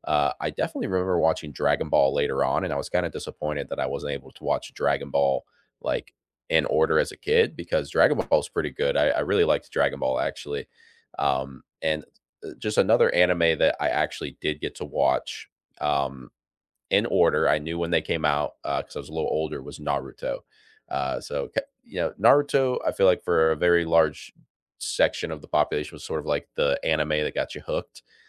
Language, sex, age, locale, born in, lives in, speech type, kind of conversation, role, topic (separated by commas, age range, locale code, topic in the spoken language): English, male, 25-29, en-US, United States, United States, conversation, unstructured, none, Which nostalgic cartoons or sitcoms still make you laugh?